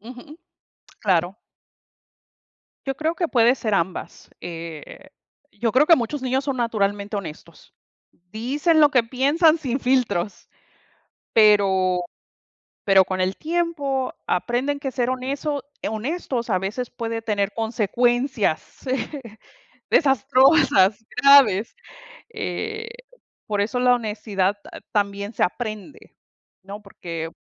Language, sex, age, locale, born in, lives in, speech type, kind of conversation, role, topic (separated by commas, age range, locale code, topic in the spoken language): Spanish, female, 45-49, es-MX, United States, United States, conversation, unstructured, none, ¿Qué significa para ti ser una persona honesta?